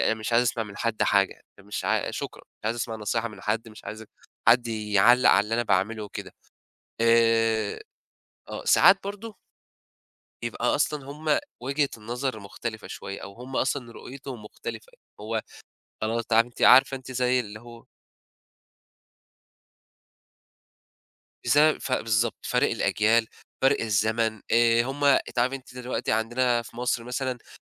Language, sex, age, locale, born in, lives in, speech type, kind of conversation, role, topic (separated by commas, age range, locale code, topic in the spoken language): Arabic, male, 20-24, ar-EG, Egypt, Egypt, conversation, podcast, guest, إيه العلامات اللي بتبين إن دعم العيلة صحي ولا بيبقى مؤذي؟